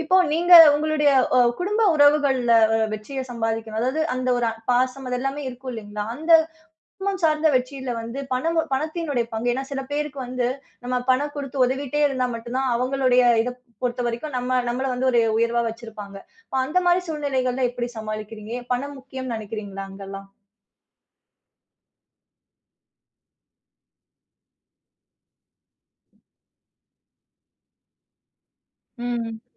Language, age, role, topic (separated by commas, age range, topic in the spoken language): Tamil, 30-34, host, பணம் வெற்றிக்கு எவ்வளவு முக்கியம்?